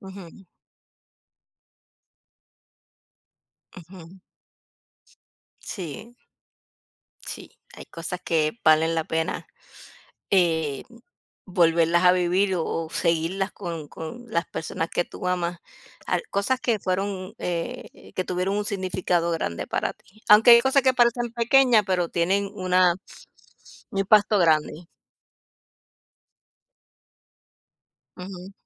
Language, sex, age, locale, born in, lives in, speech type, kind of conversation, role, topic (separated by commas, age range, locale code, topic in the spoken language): Spanish, female, 50-54, es-US, United States, United States, conversation, unstructured, none, ¿Qué tradiciones familiares te hacen sentir feliz?